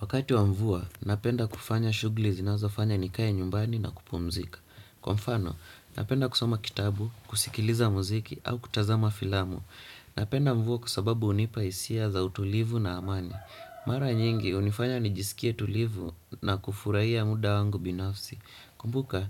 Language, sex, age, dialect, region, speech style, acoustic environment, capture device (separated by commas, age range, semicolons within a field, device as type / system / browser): Swahili, male, 18-24, Kenya - Nairobi Sheng-influenced, Kenya, relaxed; happy, silence, desktop / Windows / Chrome